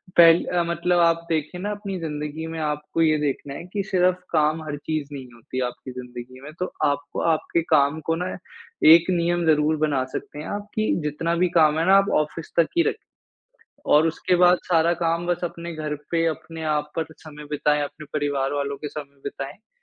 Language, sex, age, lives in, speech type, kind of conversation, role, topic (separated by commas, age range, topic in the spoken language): Hindi, male, 20-24, India, conversation, advice, advisor, सोने में देर लगने और दिमाग में लगातार विचार चलने की समस्या से कैसे निपटूँ?